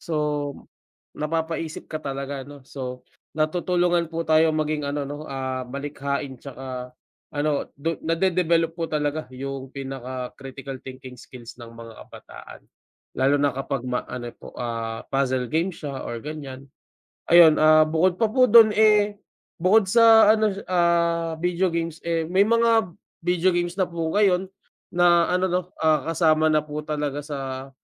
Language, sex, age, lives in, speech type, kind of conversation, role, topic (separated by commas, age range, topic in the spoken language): Filipino, male, 25-29, Philippines, conversation, unstructured, none, Ano ang papel ng mga larong bidyo sa paghubog ng kakayahang mag-isip nang mapanuri ng mga kabataan?